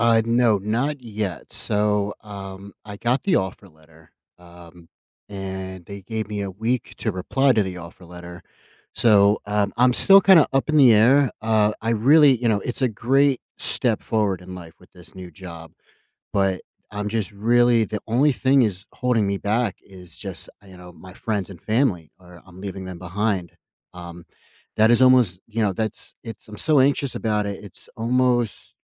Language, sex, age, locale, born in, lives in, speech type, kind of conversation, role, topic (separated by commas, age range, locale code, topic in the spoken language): English, male, 30-34, en-US, United States, United States, conversation, advice, user, How should I decide between major life options?